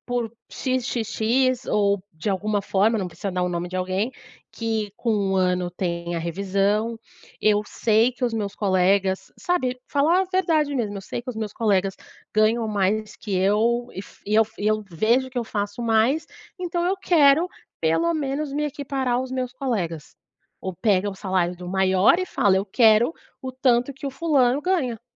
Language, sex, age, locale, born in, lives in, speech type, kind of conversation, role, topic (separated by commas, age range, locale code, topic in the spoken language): Portuguese, female, 30-34, pt-BR, Brazil, Portugal, conversation, advice, advisor, Como posso pedir um aumento ou uma promoção ao meu chefe?